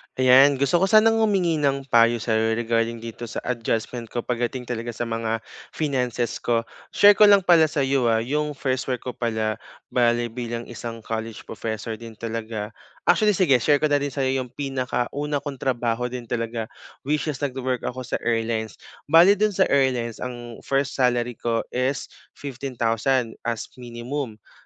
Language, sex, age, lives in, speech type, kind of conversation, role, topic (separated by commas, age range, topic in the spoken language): Filipino, male, 25-29, Philippines, conversation, advice, user, Ano ang maaari kong gawin kapag biglang bumaba ang kita ko?